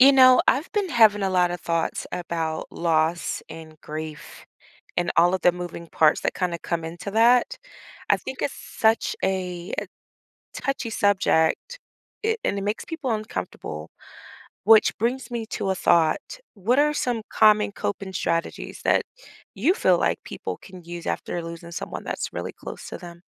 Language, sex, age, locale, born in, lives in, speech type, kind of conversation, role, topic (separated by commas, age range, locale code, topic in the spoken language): English, female, 40-44, en-US, United States, United States, conversation, podcast, host, How do people find comfort and healing after experiencing loss?